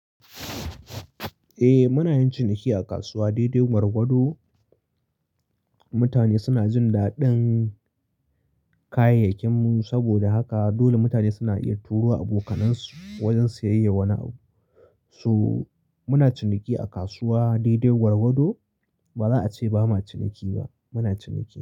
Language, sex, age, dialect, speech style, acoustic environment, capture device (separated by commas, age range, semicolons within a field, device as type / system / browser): Hausa, male, 25-34, Nigeria - Kano, happy, silence, mobile / Linux / Chrome